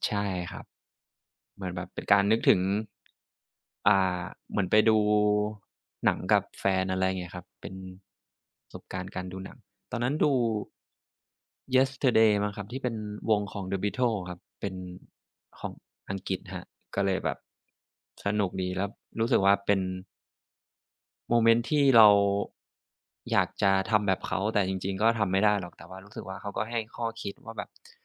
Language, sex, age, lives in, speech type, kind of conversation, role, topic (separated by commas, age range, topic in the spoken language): Thai, male, 25-29, Thailand, conversation, unstructured, none, คุณชอบฟังเพลงหรือเล่นดนตรีมากกว่ากัน?